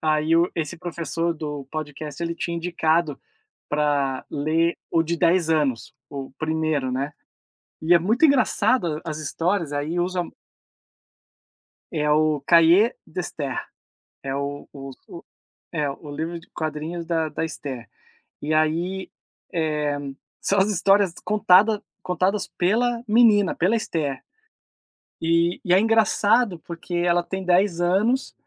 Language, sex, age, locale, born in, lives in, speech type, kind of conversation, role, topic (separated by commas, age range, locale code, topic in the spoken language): Portuguese, male, 40-44, pt-BR, Brazil, United States, conversation, unstructured, none, Você prefere atividades ao ar livre ou dentro de casa?